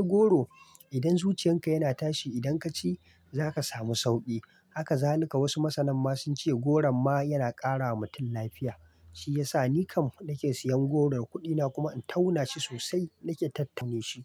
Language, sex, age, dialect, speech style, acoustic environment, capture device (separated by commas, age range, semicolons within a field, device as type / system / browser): Hausa, male, 25-34, Nigeria - Kano, relaxed; happy; excited; focused, nature, mobile / Linux / Chrome